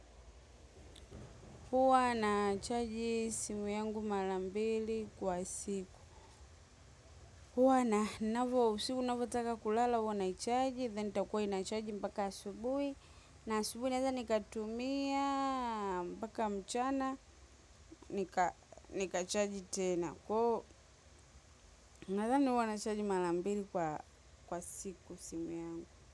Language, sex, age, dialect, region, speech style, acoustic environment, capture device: Swahili, female, 25-34, Tanzania - Dar es Salaam, Tanzania, relaxed; happy, silence, mobile / macOS / Safari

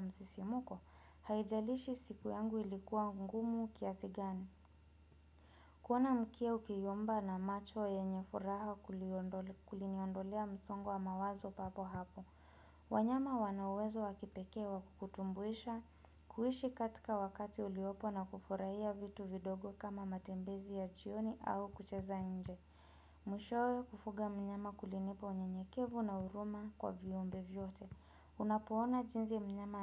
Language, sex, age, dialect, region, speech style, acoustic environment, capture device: Swahili, female, 25-34, Kenya - Nairobi Sheng-influenced, Kenya, relaxed, nature; people talking; appliances, desktop / Windows / Chrome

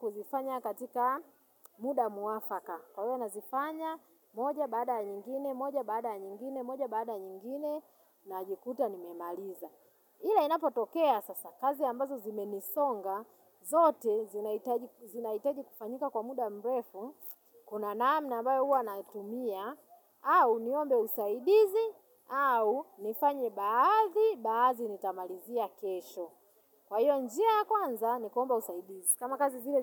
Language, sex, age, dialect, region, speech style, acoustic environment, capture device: Swahili, female, 35-44, Tanzania - Dar es Salaam, Tanzania, nervous, silence, mobile / Linux / Chrome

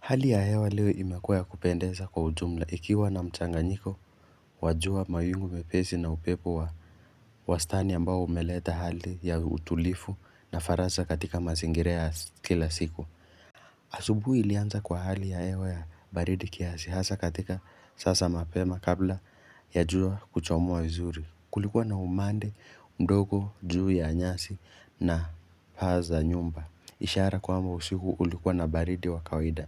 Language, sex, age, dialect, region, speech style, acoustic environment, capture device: Swahili, male, 18-24, Kenya - Nairobi Sheng-influenced, Kenya, focused; excited, silence, mobile / Linux / Chrome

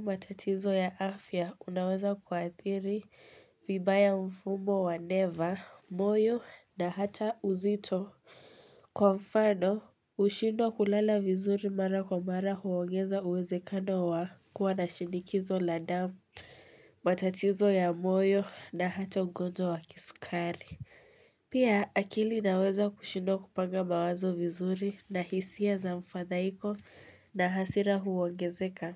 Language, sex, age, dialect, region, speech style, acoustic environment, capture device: Swahili, female, 18-24, Kenya - Mombasa, Kenya, stressed, silence, mobile / Linux / Chrome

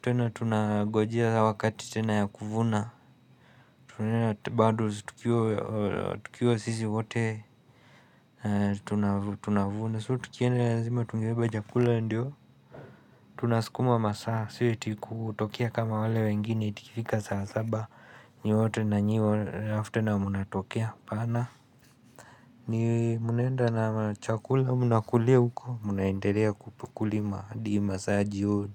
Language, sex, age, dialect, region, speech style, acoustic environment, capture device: Swahili, male, 25-34, Kenya - Nairobi Sheng-influenced, Kenya, excited, silence, mobile / Linux / Chrome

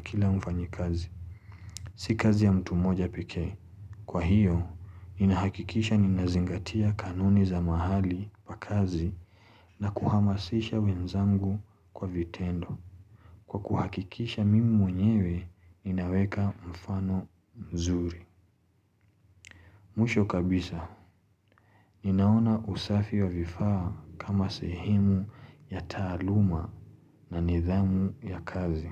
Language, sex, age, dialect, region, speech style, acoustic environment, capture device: Swahili, male, 25-34, Kenya - Mombasa, Kenya, relaxed, silence, mobile / Linux / Chrome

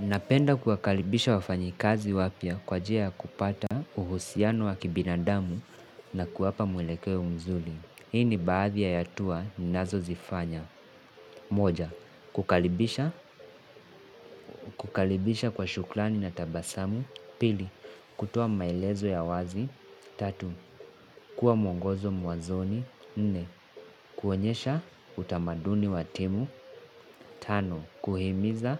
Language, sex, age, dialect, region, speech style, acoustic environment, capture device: Swahili, male, 18-24, Kenya - Mombasa, Kenya, relaxed, people talking; appliances, mobile / Linux / Chrome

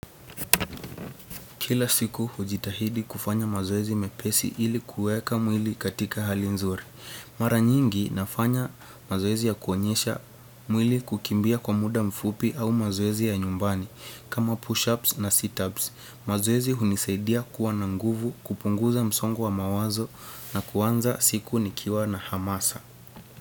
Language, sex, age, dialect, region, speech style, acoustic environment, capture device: Swahili, male, 18-24, Kenya - Nairobi Sheng-influenced, Kenya, focused; relaxed, silence, desktop / Windows / Chrome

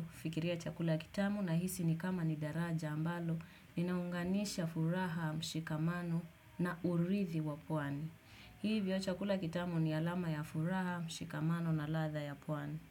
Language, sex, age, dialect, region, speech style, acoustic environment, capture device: Swahili, female, 18-24, Kenya - Nairobi Sheng-influenced, Kenya, focused, silence, desktop / Windows / Chrome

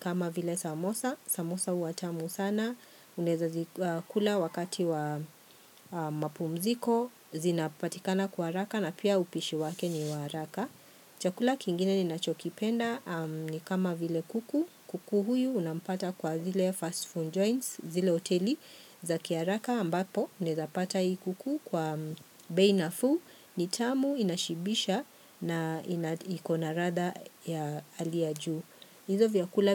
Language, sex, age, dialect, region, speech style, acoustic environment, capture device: Swahili, female, 45-54, Kenya - Nairobi Sheng-influenced, Kenya, happy; relaxed, people talking, mobile / Linux / Chrome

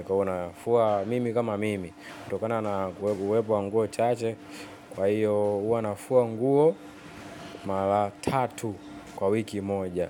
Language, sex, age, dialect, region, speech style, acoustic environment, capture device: Swahili, male, 18-24, Tanzania - Dar es Salaam, Tanzania, anxious; relaxed; focused, appliances; people talking, mobile / Linux / Chrome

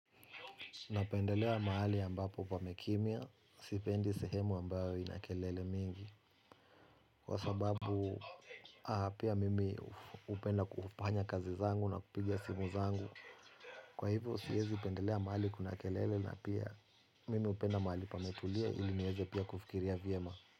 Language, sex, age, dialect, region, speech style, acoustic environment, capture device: Swahili, male, 35-44, Kenya - Nairobi Sheng-influenced, Kenya, relaxed, music, mobile / Linux / Chrome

